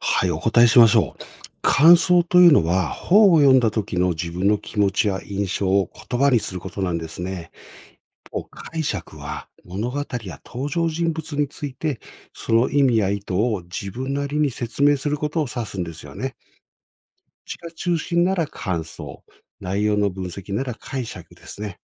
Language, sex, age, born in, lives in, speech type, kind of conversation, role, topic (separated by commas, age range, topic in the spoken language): Japanese, male, 55-59, Japan, Japan, read, expert-assistant, expert, 本を読んだあとに『感想』と『解釈』はどう違うんでしょうか？